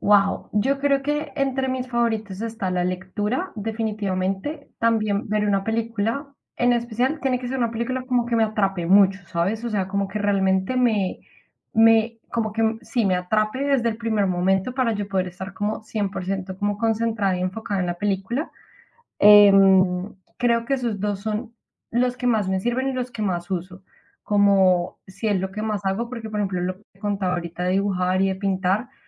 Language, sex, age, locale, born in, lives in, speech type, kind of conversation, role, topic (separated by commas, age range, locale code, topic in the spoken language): Spanish, female, 25-29, es-CO, Colombia, Spain, conversation, unstructured, none, ¿Crees que un pasatiempo puede ayudarte a reducir el estrés y cómo?